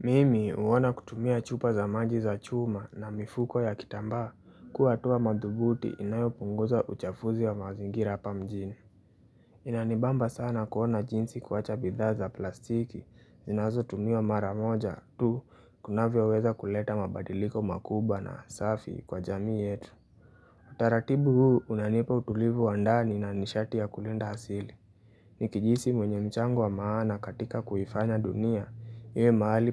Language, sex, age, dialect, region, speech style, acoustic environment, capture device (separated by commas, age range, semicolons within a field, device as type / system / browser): Swahili, male, 18-24, Kenya - Nairobi Sheng-influenced, Kenya, relaxed; happy; focused, nature; appliances, mobile / Linux / Chrome